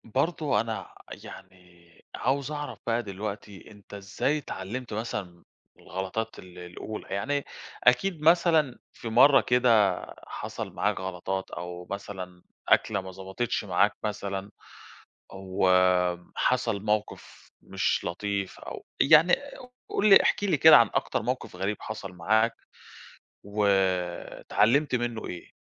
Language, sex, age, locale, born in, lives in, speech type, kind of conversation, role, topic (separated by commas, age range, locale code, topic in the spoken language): Arabic, male, 30-34, ar-EG, Egypt, Greece, conversation, podcast, host, إزاي اتعلمت الطبخ في الأول؟